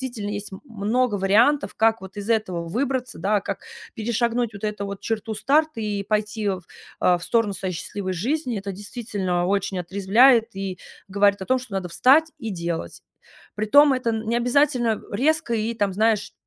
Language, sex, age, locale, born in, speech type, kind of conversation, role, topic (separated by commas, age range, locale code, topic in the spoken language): Russian, female, 30-34, ru-RU, Russia, conversation, advice, user, Как справиться с трудностями возвращения к свиданиям после расставания?